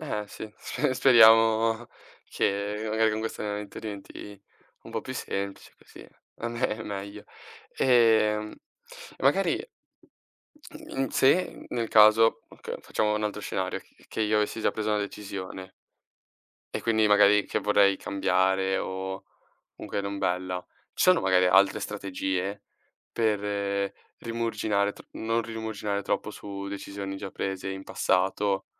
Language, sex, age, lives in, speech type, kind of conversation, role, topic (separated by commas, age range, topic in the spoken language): Italian, male, 18-19, Italy, read, expert-assistant, asker, Come si può imparare a prendere decisioni difficili?